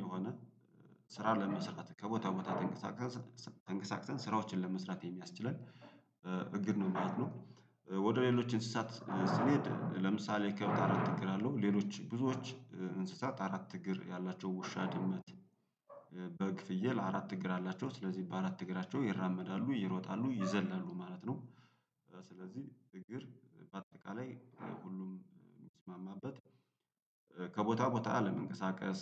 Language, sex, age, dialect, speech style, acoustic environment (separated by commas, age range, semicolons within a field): Amharic, male, 35-44, Ethiopia - Gojjam, happy, nature